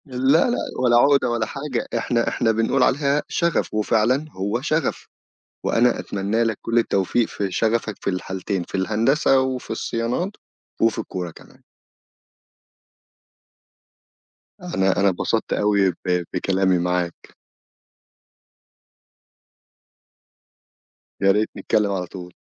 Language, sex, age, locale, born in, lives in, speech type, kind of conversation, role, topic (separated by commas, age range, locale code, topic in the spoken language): Arabic, male, 25-29, ar-EG, Egypt, Egypt, conversation, podcast, host, إزاي اكتشفت شغفك الحقيقي؟